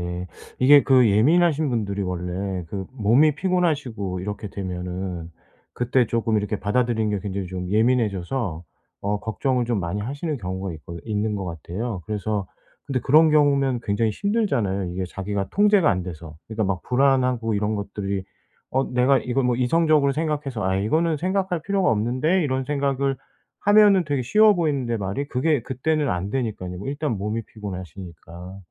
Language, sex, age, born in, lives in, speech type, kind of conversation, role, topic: Korean, male, 45-49, South Korea, South Korea, conversation, advice, advisor, 일상에서 쉽게 마음챙김 습관을 어떻게 만들 수 있을까요?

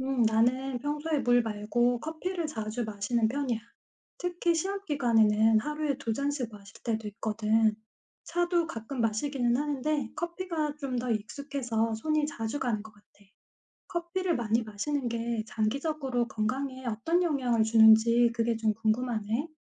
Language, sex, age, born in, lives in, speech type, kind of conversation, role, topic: Korean, female, 45-49, South Korea, United States, read, expert-assistant, asker, 정말 하루에 8잔의 물을 마셔야 건강에 좋은가요?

